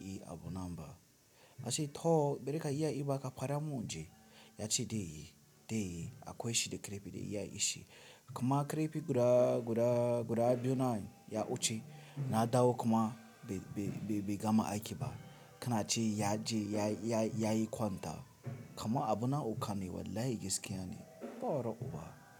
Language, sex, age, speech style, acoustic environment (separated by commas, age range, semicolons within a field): Hausa, male, 18-24, tired, music